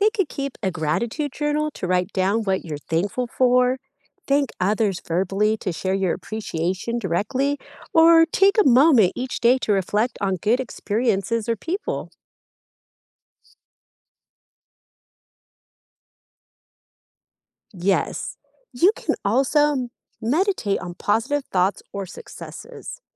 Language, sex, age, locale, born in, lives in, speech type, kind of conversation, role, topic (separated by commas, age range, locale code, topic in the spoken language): English, female, 35-39, en-US, United States, United States, read, expert-assistant, expert, What are the benefits of practicing gratitude?